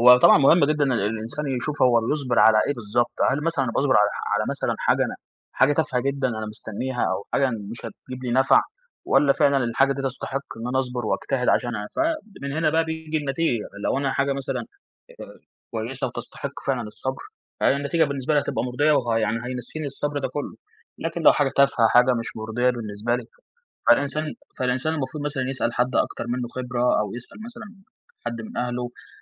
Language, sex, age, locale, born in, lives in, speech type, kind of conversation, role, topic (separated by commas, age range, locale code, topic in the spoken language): Arabic, male, 20-24, ar-EG, Egypt, Egypt, conversation, podcast, guest, إزاي تقنع نفسك إنك تصبر عشان توصل لهدف بعيد؟